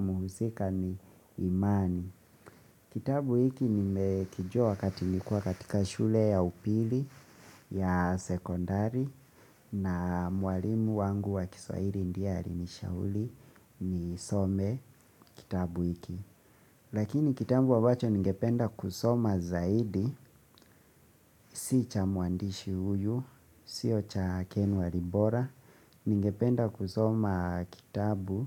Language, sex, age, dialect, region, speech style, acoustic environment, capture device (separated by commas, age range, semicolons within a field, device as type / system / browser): Swahili, male, 25-34, Kenya - Nairobi Sheng-influenced, Kenya, focused; excited; relaxed, silence, mobile / Linux / Chrome